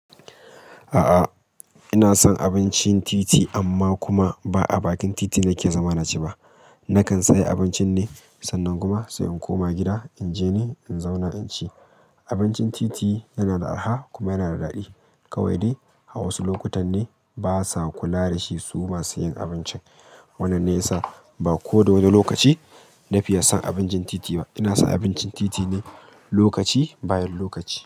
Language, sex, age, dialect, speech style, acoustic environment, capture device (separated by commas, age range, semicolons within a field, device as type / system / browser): Hausa, male, 25-34, Nigeria - Kano, focused; stressed, people talking; traffic; appliances; silence; nature, mobile / Linux / Chrome